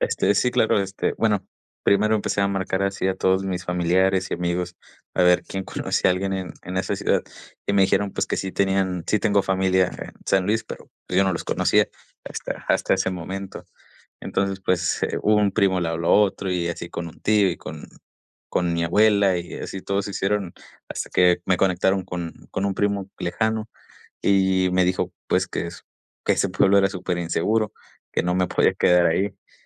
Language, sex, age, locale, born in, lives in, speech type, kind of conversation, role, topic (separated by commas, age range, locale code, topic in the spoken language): Spanish, male, 20-24, es-MX, Mexico, Mexico, conversation, podcast, guest, ¿Cuál fue tu viaje más inolvidable y por qué?